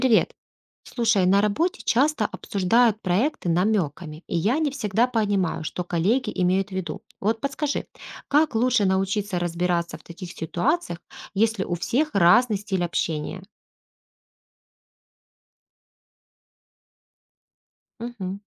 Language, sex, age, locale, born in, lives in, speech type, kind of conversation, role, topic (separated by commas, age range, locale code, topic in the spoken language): Russian, female, 35-39, ru-RU, Ukraine, Spain, read, expert-assistant, asker, Как научиться понимать намёки и подтекст в разговоре с разными людьми?